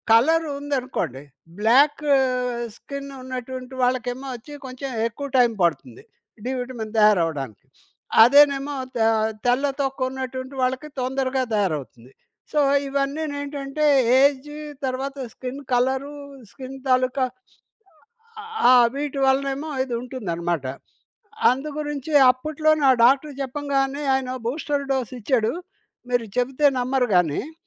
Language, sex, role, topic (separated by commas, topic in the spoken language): Telugu, male, guest, పునరుద్ధరణ ప్రక్రియలో చిన్న విజయాలను ఎలా గుర్తించి, సానుకూలంగా జరుపుకుంటారు?